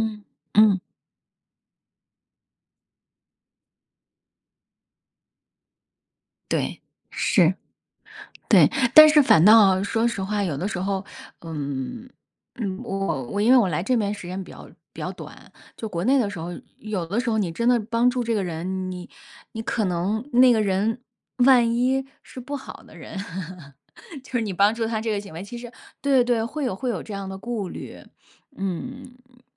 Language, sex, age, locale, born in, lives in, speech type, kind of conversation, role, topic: Chinese, female, 40-44, zh-CN, China, United States, conversation, unstructured, none, 你觉得帮助别人重要吗？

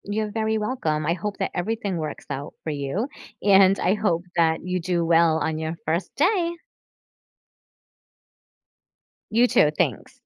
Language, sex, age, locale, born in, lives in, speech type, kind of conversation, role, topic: English, female, 45-49, en-US, United States, United States, conversation, advice, advisor, How can I stop feeling left out when my friends exclude me?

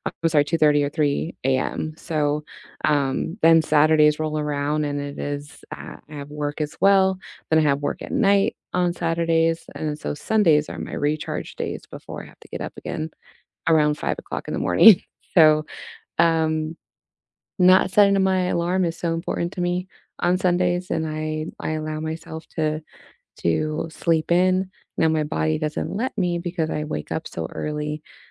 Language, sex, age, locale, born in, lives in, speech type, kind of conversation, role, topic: English, female, 30-34, en-US, United States, United States, conversation, unstructured, none, What does your ideal lazy Sunday look like from start to finish?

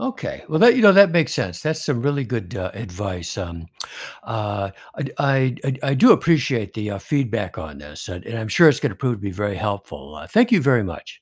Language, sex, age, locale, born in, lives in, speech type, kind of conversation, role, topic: English, male, 70-74, en-US, United States, United States, read, expert-assistant, asker, What are the effects of sleep on memory and concentration?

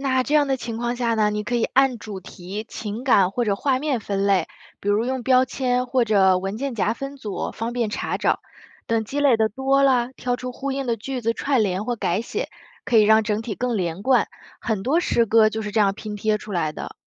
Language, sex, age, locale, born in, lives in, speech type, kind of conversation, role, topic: Chinese, female, 30-34, zh-CN, China, United States, read, expert-assistant, expert, 如何激发和提升写现代诗的灵感？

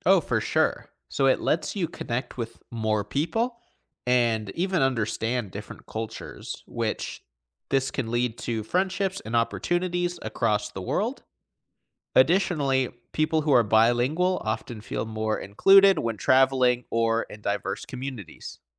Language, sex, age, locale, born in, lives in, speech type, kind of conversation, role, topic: English, male, 25-29, en-US, United States, United States, read, expert-assistant, expert, What are the advantages of learning a second language?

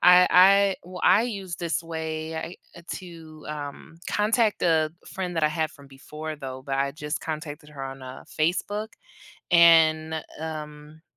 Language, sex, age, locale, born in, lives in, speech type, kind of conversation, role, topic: English, female, 30-34, en-US, United States, United States, conversation, unstructured, none, What are some low-pressure ways to meet new people as an adult and feel genuinely comfortable?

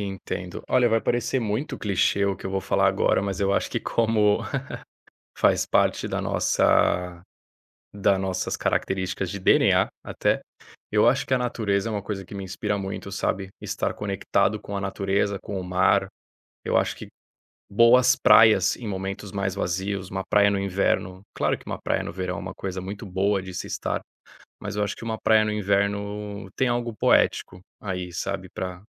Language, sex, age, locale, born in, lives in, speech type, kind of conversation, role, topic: Portuguese, male, 30-34, pt-BR, Brazil, Spain, conversation, podcast, guest, Como você encontra inspiração em coisas do dia a dia?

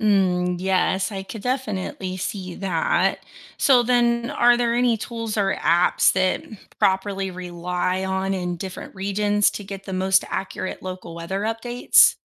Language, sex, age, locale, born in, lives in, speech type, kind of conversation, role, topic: English, female, 35-39, en-US, United States, United States, read, expert-assistant, asker, How do weather patterns impact daily life globally?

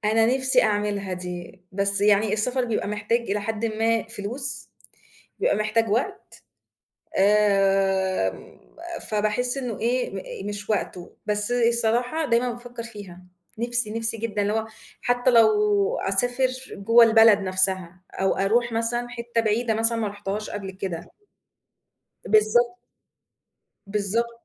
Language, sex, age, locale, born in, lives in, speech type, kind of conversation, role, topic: Arabic, female, 35-39, ar-EG, Egypt, Egypt, conversation, podcast, guest, إيه اللي بتعمله عشان تسمع جسمك أحسن كل يوم؟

